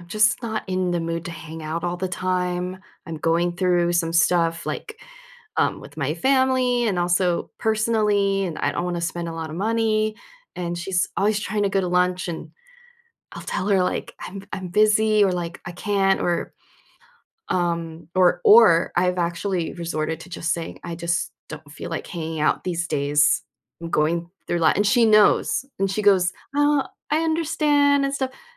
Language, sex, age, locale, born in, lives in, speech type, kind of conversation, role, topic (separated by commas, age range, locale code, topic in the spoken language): English, female, 40-44, en-US, United States, United States, conversation, advice, user, How can I set boundaries without feeling guilty?